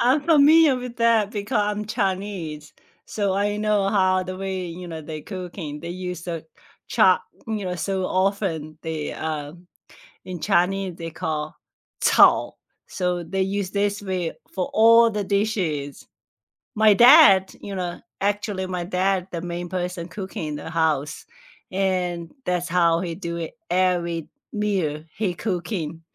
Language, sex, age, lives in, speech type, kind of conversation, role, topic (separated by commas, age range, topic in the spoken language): English, female, 45-49, United States, conversation, unstructured, none, Which hidden gems in your city do you love showing visiting friends, and why?